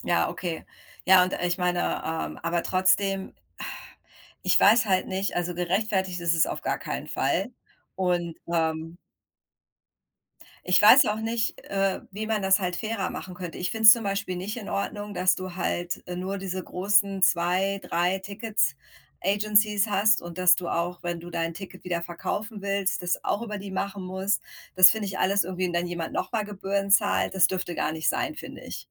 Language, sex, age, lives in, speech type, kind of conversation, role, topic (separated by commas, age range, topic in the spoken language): German, female, 40-44, United States, conversation, unstructured, none, Was ärgert dich an den Ticketpreisen für Konzerte?